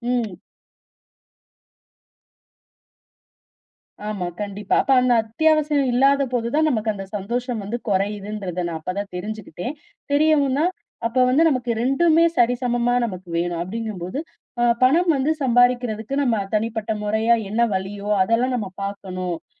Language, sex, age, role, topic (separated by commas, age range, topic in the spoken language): Tamil, female, 35-39, guest, பணம் மற்றும் சந்தோஷத்தை நீங்கள் எப்படிச் சமநிலைப்படுத்துகிறீர்கள்?